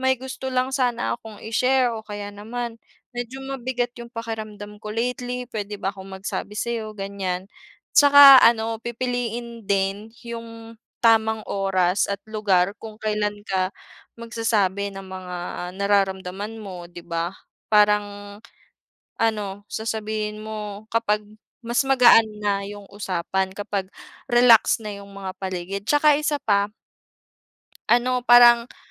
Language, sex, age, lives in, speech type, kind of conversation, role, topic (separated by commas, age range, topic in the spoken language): Filipino, female, 25-29, Philippines, conversation, unstructured, none, Ano ang mga palatandaan na kailangan mo nang humingi ng tulong?